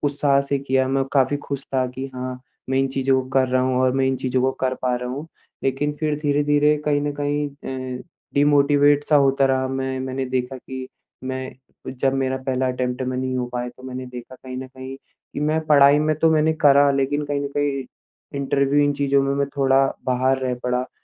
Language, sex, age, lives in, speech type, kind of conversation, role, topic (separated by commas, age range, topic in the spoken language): Hindi, male, 25-29, India, conversation, advice, user, मेरा लक्ष्य पूरा करने का उत्साह क्यों कम हो गया है और मैं इसे कैसे वापस ला सकता/सकती हूँ?